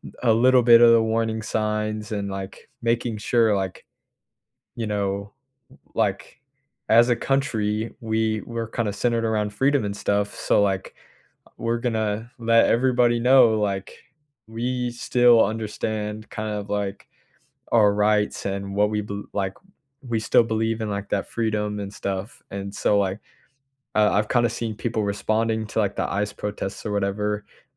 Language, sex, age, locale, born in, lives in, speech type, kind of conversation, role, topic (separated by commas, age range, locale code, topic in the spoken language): English, male, 18-19, en-US, United States, United States, conversation, unstructured, none, How does history illustrate the dangers of ignoring warning signs?